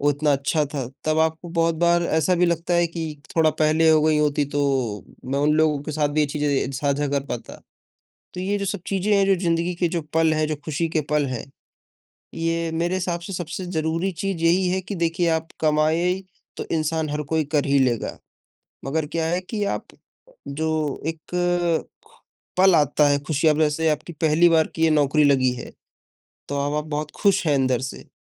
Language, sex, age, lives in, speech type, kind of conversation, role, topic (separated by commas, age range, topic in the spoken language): Hindi, male, 20-24, India, conversation, unstructured, none, क्या आप मानते हैं कि खुशी दूसरों के साथ बाँटने से बढ़ती है?